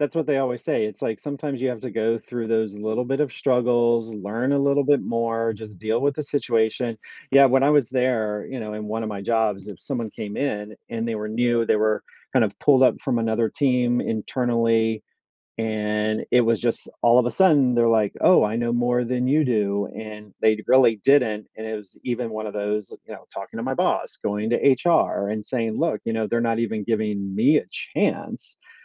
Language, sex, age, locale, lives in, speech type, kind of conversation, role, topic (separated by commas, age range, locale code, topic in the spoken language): English, male, 50-54, en-US, United States, conversation, unstructured, none, How have difficult experiences at work shaped your approach to challenges?